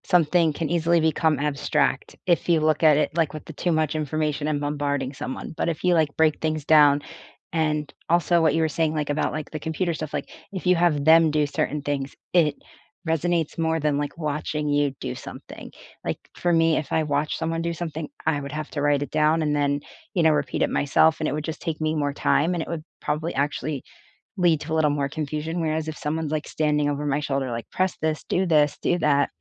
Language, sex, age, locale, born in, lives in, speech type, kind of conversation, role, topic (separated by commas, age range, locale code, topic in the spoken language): English, female, 25-29, en-US, United States, United States, conversation, unstructured, none, What study habits have actually worked for you?